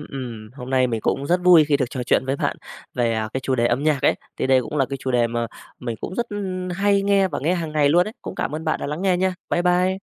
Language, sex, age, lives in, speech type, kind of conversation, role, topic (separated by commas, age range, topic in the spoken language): Vietnamese, male, 35-39, Vietnam, conversation, podcast, guest, Bạn thường khám phá nhạc mới bằng cách nào?